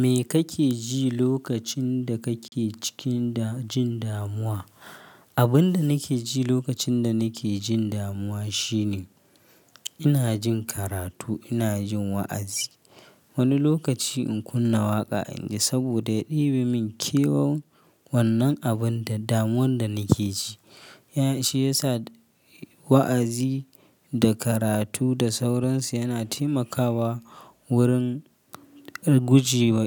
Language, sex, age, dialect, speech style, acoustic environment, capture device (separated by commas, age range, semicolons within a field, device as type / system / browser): Hausa, male, 25-34, Nigeria - Kano, happy, nature; silence, mobile / Linux / Chrome